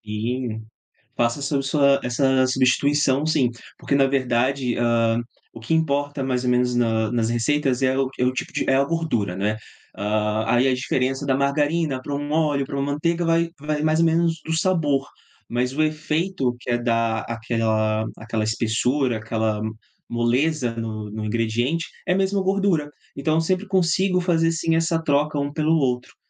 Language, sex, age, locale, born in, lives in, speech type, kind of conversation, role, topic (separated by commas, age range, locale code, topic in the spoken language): Portuguese, male, 30-34, pt-BR, Brazil, Portugal, conversation, podcast, guest, Você prefere praticar hobbies em grupo ou sozinho? Por quê?